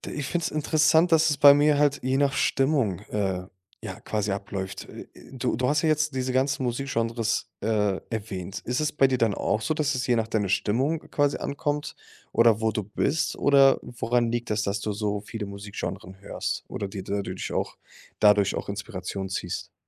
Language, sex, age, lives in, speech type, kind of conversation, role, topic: German, male, 25-29, Germany, conversation, podcast, host, Woher nimmst du dir meistens deine Inspiration?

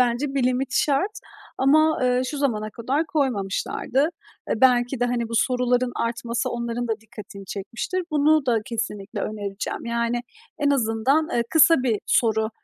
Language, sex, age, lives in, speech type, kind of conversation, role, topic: Turkish, female, 30-34, Estonia, conversation, advice, user, Toplantılar günümü ve enerjimi tüketip zamanımı çaldığında bunu nasıl yönetebilirim?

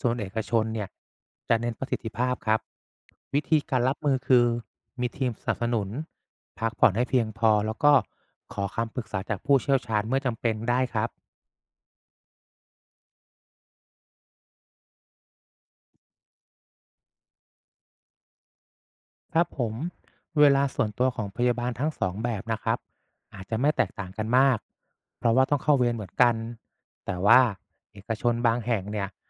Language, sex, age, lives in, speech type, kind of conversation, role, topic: Thai, male, 35-39, Thailand, read, expert-assistant, expert, ข้อดีและข้อเสียของการทำงานเป็นพยาบาลในโรงพยาบาลรัฐเทียบกับเอกชนมีอะไรบ้าง?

